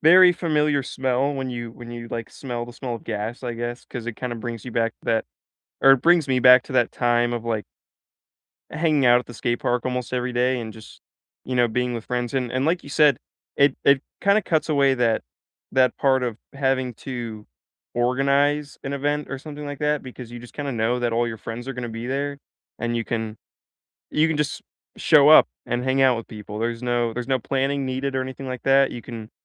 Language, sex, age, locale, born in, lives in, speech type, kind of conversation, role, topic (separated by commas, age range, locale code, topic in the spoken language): English, male, 18-19, en-US, United States, United States, conversation, unstructured, none, Which places feel like home to you, and how do they shape your sense of belonging?